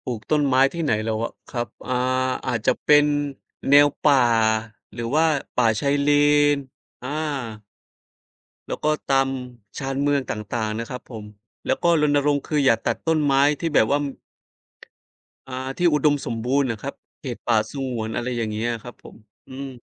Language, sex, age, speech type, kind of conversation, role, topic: Thai, male, 30-34, conversation, unstructured, none, คุณคิดว่าการปลูกต้นไม้ช่วยโลกได้มากแค่ไหน?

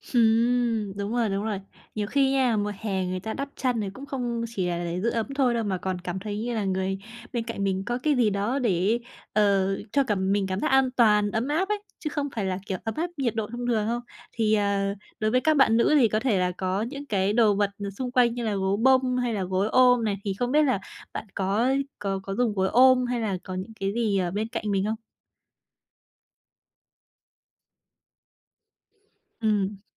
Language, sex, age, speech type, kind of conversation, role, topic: Vietnamese, female, 20-24, conversation, podcast, host, Những thói quen trước khi ngủ nào giúp bạn bớt lo lắng?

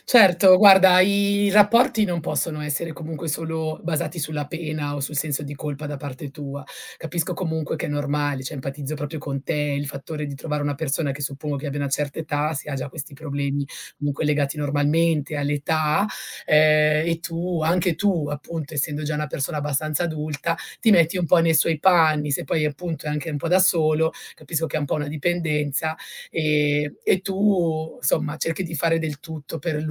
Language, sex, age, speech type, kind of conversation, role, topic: Italian, female, 40-44, conversation, advice, advisor, Quali difficoltà incontri nel dire no e nello stabilire confini personali?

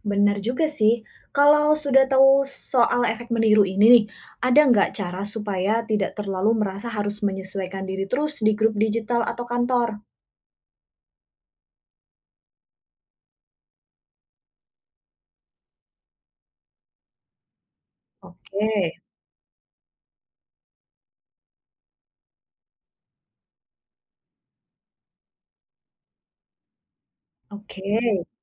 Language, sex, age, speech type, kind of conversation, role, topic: Indonesian, female, 30-34, read, expert-assistant, asker, Katanya, kalau melihat orang lain menguap, kita jadi ikut menguap juga. Apakah benar perilaku ini ada penjelasan ilmiahnya dalam psikologi perilaku?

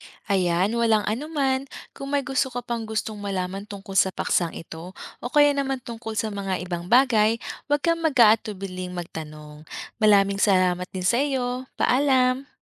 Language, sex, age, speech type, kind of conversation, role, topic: Filipino, female, 30-34, read, expert-assistant, expert, Paano nakakatulong ang paglalakbay sa paglawak ng pananaw ng tao?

